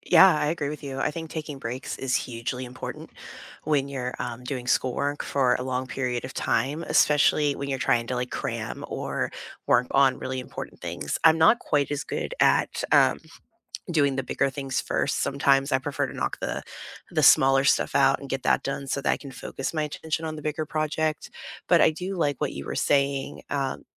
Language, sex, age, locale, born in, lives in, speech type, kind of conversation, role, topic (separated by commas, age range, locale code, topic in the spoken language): English, female, 35-39, en-US, United States, United States, conversation, unstructured, none, When life gets hectic, what study habits do you rely on, and why do they work?